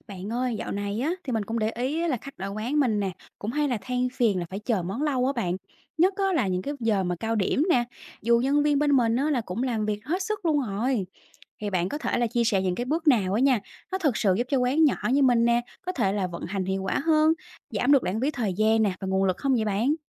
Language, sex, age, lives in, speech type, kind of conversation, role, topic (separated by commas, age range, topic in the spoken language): Vietnamese, female, 30-34, Vietnam, read, expert-assistant, asker, Làm sao để quán nhỏ vận hành hiệu quả và giảm lãng phí nhờ áp dụng công nghệ?